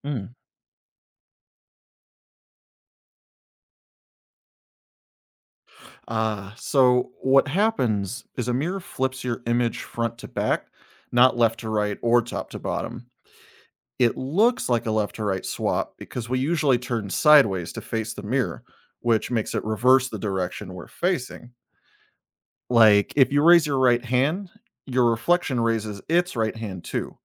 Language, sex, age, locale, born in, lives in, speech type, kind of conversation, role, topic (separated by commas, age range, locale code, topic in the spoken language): English, male, 35-39, en-US, United States, United States, read, expert-assistant, expert, Why do mirrors show our reflection?